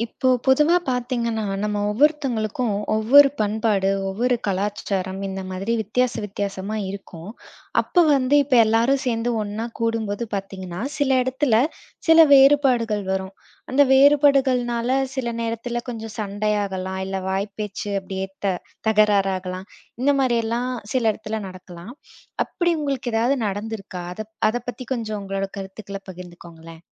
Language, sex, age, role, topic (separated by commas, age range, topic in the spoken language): Tamil, female, 25-29, host, பண்பாட்டு வேறுபாடுகள் பெரிய அளவிலான தவறான புரிதல்களுக்கு காரணமாகுமா? அதற்கொரு உதாரணம் சொல்ல முடியுமா?